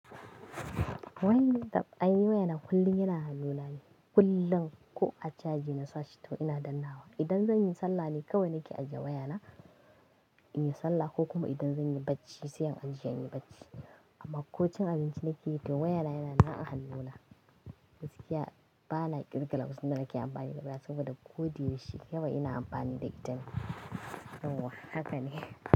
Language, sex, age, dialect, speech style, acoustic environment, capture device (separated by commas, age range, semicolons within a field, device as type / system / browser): Hausa, female, 25-34, Nigeria - Kano, happy, nature, mobile / Linux / Chrome